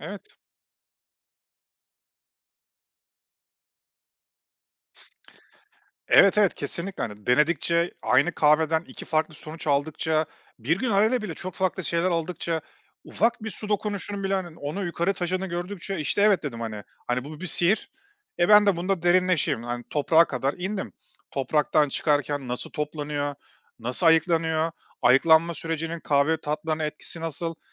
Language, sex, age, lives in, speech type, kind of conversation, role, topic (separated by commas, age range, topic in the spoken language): Turkish, male, 35-39, Estonia, conversation, podcast, guest, Sürekli yeni hobiler denemek mi, yoksa tek bir hobide derinleşmek mi daha iyidir?